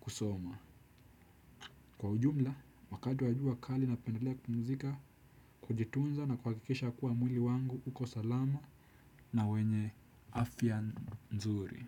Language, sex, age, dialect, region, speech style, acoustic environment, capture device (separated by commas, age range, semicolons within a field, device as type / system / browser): Swahili, male, 18-24, Kenya - Nairobi Sheng-influenced, Kenya, happy; focused; relaxed; anxious, people talking; music; nature; silence; appliances, desktop / Windows / Chrome